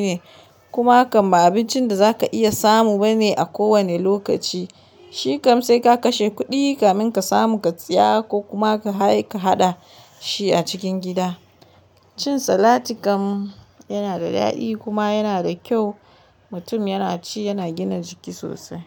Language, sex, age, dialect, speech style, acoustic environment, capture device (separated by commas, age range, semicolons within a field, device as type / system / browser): Hausa, female, 25-34, Nigeria - Kano, happy; relaxed; focused, silence, mobile / Linux / Chrome